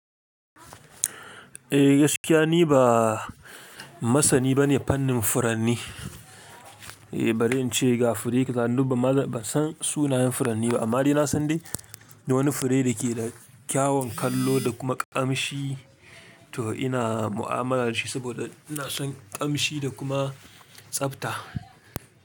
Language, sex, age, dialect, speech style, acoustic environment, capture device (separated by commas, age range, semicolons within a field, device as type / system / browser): Hausa, male, 25-34, Nigeria - Kano, relaxed, silence, mobile / Linux / Chrome